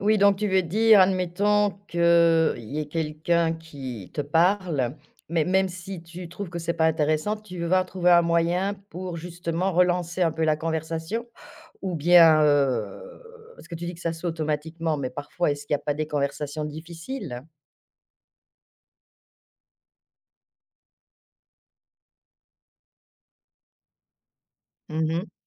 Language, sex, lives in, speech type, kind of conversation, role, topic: French, female, France, conversation, podcast, host, Qu’est-ce qui te semble le plus important dans les gestes qui montrent qu’on écoute ?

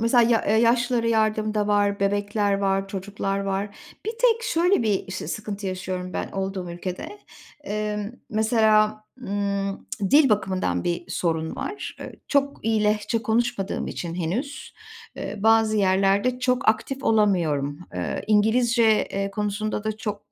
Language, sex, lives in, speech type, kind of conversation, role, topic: Turkish, female, Poland, conversation, unstructured, none, Başkalarına yardım etmek sana nasıl hissettiriyor?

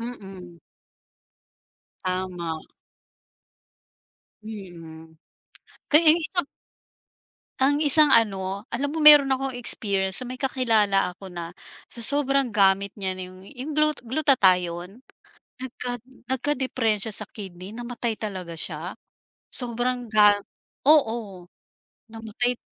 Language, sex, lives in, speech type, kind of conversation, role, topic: Filipino, female, Philippines, conversation, podcast, guest, Paano nakaaapekto ang mga patalastas sa pananaw natin tungkol sa katawan at kagandahan?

